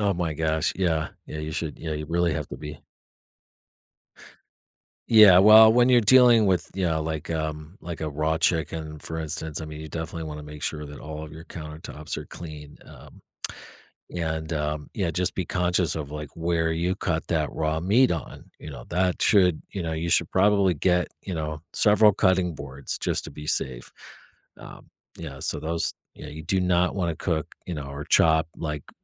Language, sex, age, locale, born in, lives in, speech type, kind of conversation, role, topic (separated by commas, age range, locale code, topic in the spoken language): English, male, 45-49, en-US, United States, United States, conversation, podcast, guest, What are the main benefits of cooking at home for both your budget and your health?